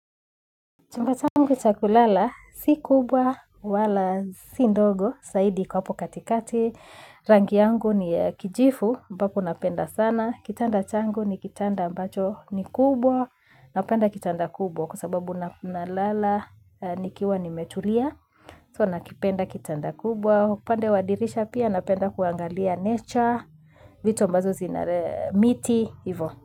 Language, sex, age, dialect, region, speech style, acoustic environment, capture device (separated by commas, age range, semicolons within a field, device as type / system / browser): Swahili, female, 25-34, Kenya - Nairobi Sheng-influenced, Kenya, happy; excited, silence, mobile / Linux / Chrome